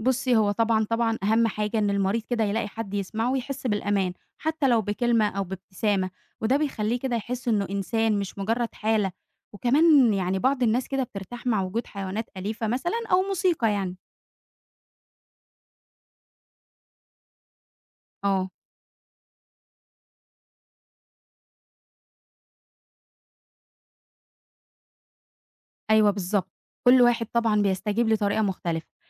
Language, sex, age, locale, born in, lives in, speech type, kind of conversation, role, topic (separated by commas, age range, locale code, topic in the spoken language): Arabic, female, 20-24, ar-EG, Egypt, Egypt, read, expert-assistant, expert, إيه معنى الشفاء الحقيقي في رعاية المرضى؟ هل الشفاء بس جسدي، ولا فيه أبعاد نفسية وروحية لازم نهتم بيها؟